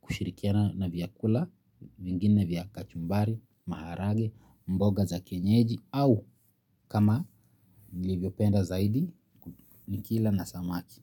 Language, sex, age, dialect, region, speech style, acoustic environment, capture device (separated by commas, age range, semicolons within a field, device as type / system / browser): Swahili, male, 25-34, Kenya - Nairobi Sheng-influenced, Kenya, happy; relaxed; focused, nature; silence, mobile / Linux / Chrome